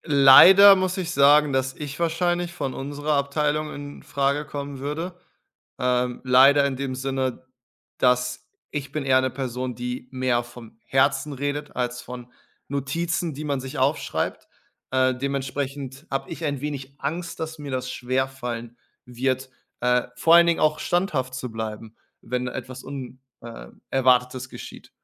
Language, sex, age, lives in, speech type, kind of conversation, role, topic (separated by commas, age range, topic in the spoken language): German, male, 30-34, Germany, conversation, advice, user, Wie kann ich klare Grenzen zwischen Arbeit und Privatleben setzen, um meine ständige Erschöpfung zu reduzieren?